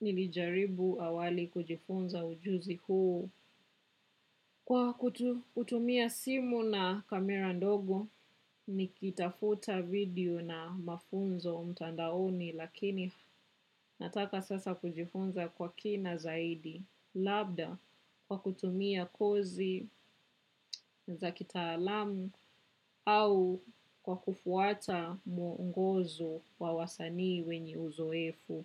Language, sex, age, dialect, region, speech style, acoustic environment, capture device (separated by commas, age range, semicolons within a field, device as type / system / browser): Swahili, female, 25-34, Kenya - Nairobi Sheng-influenced, Kenya, relaxed, silence, desktop / macOS / Chrome